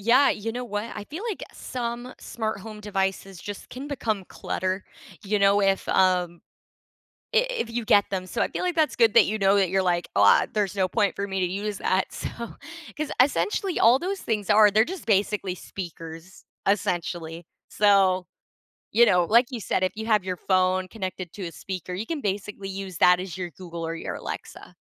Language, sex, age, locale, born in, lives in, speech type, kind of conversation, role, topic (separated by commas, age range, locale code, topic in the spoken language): English, female, 20-24, en-CA, Canada, Canada, conversation, unstructured, none, What are your biggest smart home wins and fails, and what did you learn from them?